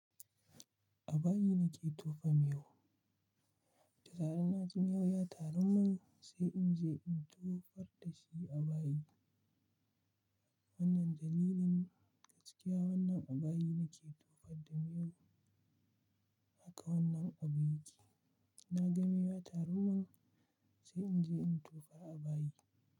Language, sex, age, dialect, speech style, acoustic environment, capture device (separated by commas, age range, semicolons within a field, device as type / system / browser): Hausa, male, 25-34, Nigeria - Kano, relaxed, silence, mobile / Linux / Chrome